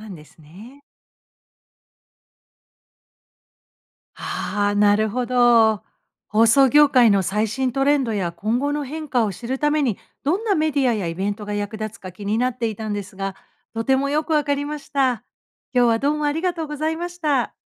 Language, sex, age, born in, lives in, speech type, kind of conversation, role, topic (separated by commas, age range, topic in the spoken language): Japanese, female, 55-59, Japan, United States, read, expert-assistant, asker, 放送業界の最新トレンドや今後の変化を知るには、どんなメディアやイベントが役立ちますか？